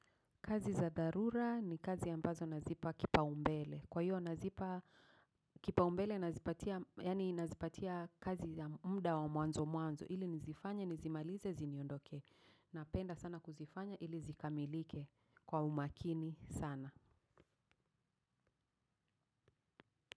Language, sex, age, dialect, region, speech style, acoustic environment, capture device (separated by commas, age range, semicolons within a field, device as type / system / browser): Swahili, female, 35-44, Kenya - Nairobi Sheng-influenced, Kenya, focused, people talking; traffic; nature, mobile / macOS / Safari